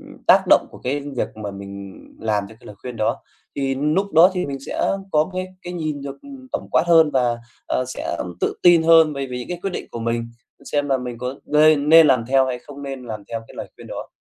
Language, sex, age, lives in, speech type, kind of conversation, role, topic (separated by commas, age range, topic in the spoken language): Vietnamese, male, 25-29, Vietnam, conversation, podcast, guest, Làm sao để biết lời khuyên nào nên làm theo và lời khuyên nào nên bỏ qua?